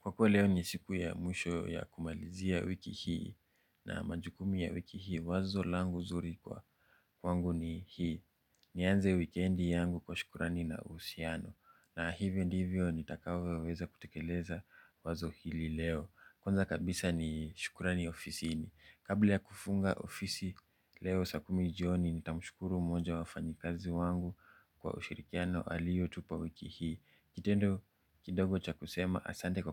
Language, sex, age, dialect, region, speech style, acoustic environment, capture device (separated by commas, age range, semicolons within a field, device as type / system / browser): Swahili, male, 25-34, Kenya - Nairobi Sheng-influenced, Kenya, relaxed, silence, mobile / Linux / Chrome